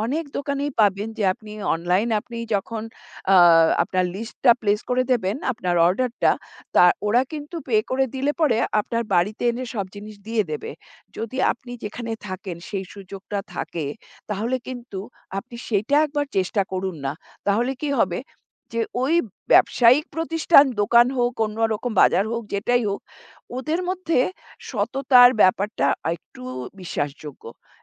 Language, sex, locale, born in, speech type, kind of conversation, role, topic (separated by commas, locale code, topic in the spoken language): Bengali, female, bn-IN, India, conversation, advice, advisor, আপনি কখন এবং কীভাবে আপনার ব্যক্তিগত বা কাজের সীমা স্পষ্টভাবে জানাবেন?